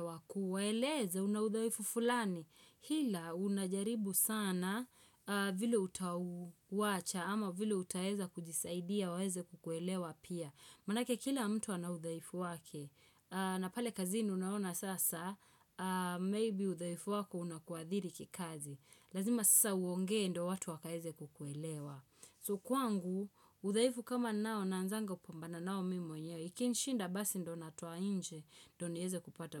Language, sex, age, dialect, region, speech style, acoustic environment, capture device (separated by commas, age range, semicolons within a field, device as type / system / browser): Swahili, female, 25-34, Kenya - Nairobi Sheng-influenced, Kenya, relaxed, nature, desktop / Windows / Chrome